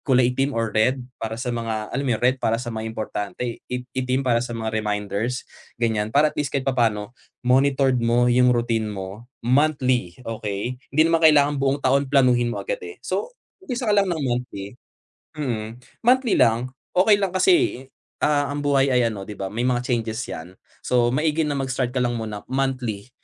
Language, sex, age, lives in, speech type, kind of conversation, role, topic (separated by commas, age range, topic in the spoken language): Filipino, male, 25-29, Philippines, conversation, advice, advisor, Paano ako makakabuo ng simple at araw-araw na rutina ng pagninilay-malay?